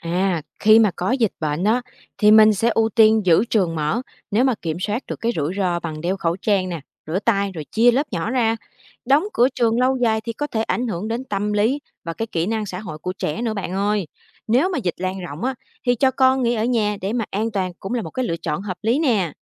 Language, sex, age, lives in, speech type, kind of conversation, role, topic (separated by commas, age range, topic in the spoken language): Vietnamese, female, 25-29, Vietnam, read, expert-assistant, expert, Nếu phải chọn giữa việc đóng cửa trường học hay giữ trường mở trong mùa dịch, bạn sẽ chọn gì? Tại sao?